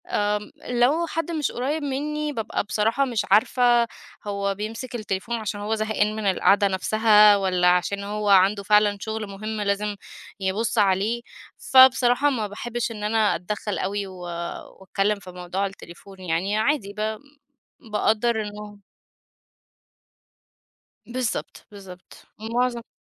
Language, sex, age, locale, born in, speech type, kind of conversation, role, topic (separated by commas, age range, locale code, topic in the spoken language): Arabic, female, 30-34, ar-EG, Egypt, conversation, podcast, guest, إزاي بتقرر تسيب الموبايل وتعيش التجربة؟